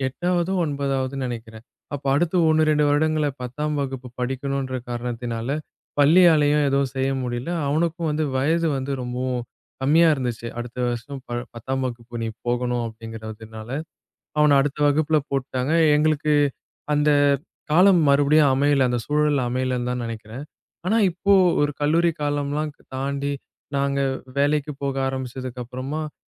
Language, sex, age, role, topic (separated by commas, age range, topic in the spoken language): Tamil, male, 20-24, guest, பழைய காயங்களை நீங்கள் எப்படித் தள்ளி வைக்கிறீர்கள்?